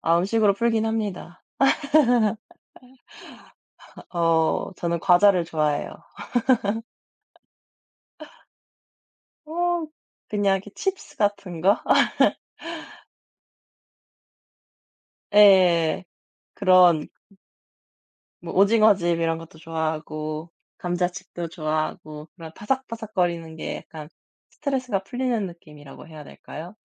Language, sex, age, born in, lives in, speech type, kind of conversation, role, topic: Korean, male, 30-34, South Korea, France, conversation, unstructured, none, 힘들 때 스스로를 어떻게 다독이나요?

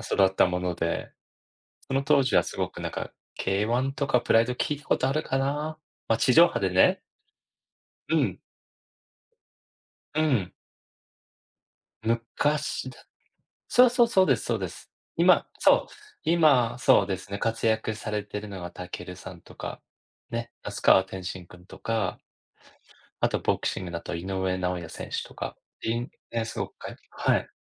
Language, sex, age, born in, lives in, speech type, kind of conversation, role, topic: Japanese, male, 40-44, Japan, United States, conversation, unstructured, none, 趣味は人生にどのような影響を与えますか？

